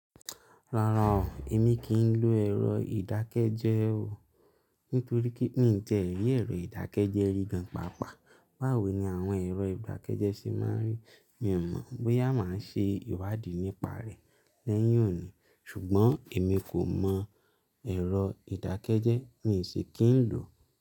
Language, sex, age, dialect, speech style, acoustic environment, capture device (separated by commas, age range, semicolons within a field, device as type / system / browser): Yoruba, male, 25-34, Nigeria - Lagos Yoruba, relaxed; happy, silence, mobile / Linux / Chrome